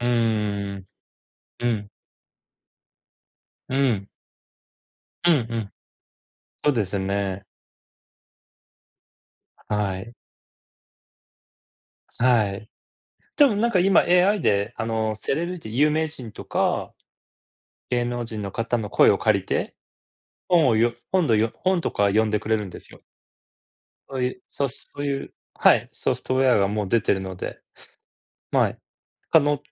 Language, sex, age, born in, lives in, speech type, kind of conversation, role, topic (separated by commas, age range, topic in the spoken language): Japanese, male, 40-44, Japan, United States, conversation, unstructured, none, 自分の個人情報がAIに利用されることに不安はありますか？